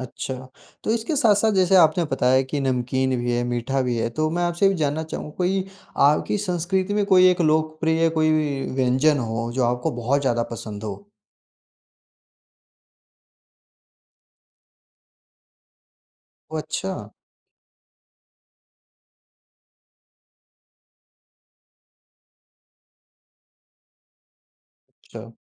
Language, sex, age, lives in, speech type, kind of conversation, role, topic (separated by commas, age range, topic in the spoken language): Hindi, male, 45-49, India, conversation, podcast, host, आपको अपनी संस्कृति के कौन-से व्यंजन सबसे अधिक पसंद हैं?